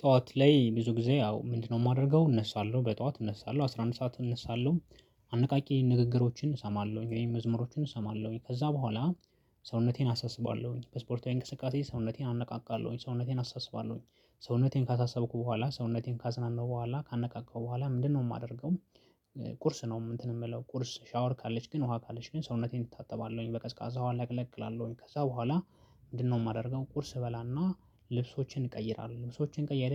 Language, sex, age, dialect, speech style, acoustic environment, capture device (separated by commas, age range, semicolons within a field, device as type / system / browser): Amharic, male, 25-34, Ethiopia - Addis Ababa, focused, nature, mobile / Linux / Chrome